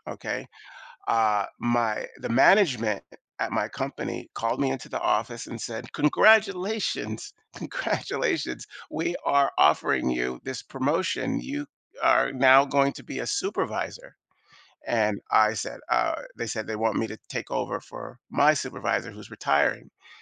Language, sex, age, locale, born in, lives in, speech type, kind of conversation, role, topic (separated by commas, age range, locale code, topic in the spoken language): English, male, 55-59, en-US, United States, United States, conversation, advice, user, How can I manage excitement and uncertainty as I start my new job?